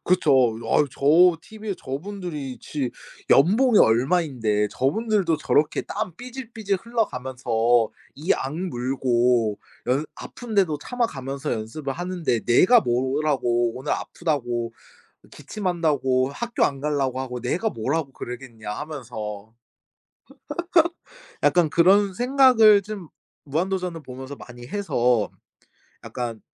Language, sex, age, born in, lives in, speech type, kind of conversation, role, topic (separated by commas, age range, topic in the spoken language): Korean, male, 25-29, South Korea, Japan, conversation, podcast, guest, 특별히 애착이 가는 작품이 하나 있다면 소개해 주실 수 있나요?